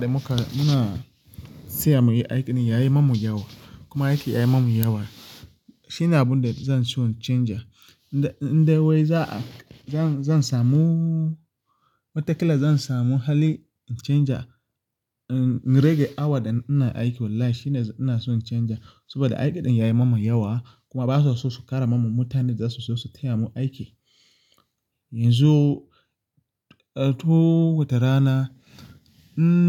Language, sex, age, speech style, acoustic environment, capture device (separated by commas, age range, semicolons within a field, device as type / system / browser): Hausa, male, 25-34, relaxed; focused, people talking; silence; appliances, mobile / Linux / Chrome